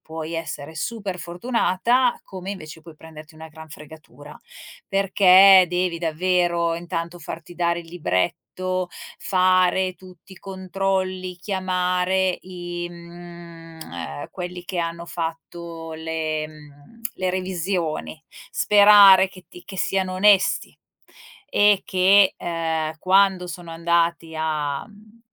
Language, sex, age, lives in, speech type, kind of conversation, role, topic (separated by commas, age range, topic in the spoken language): Italian, female, 45-49, Italy, conversation, advice, advisor, È meglio risparmiare per un acquisto importante o pagare a rate?